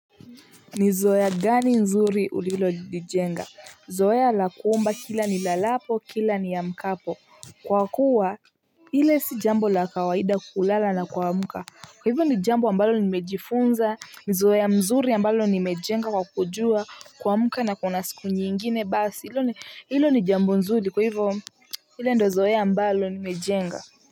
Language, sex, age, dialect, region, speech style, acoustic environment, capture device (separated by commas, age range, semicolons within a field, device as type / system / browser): Swahili, female, 18-24, Kenya - Nairobi Sheng-influenced, Kenya, happy, people talking, mobile / Linux / Chrome